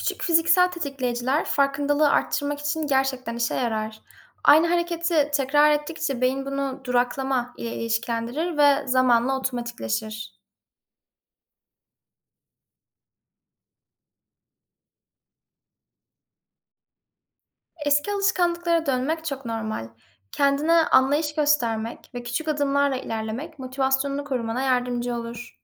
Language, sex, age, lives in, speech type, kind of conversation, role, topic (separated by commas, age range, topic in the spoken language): Turkish, female, 20-24, Netherlands, read, expert-assistant, expert, Tartışma anında duyguları ve iletişimi sağlıklı yönetmek mümkün mü?